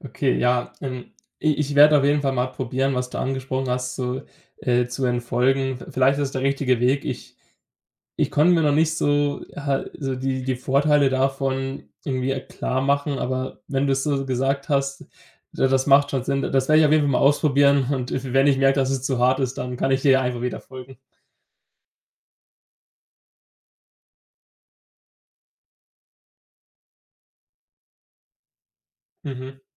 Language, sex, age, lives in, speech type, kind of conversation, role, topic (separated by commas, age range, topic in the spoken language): German, male, 20-24, Germany, conversation, advice, user, Wie kann ich mit Social-Media-Erinnerungen an meinen Ex, dem ständigen Kontrollieren und dem damit verbundenen Schmerz besser umgehen?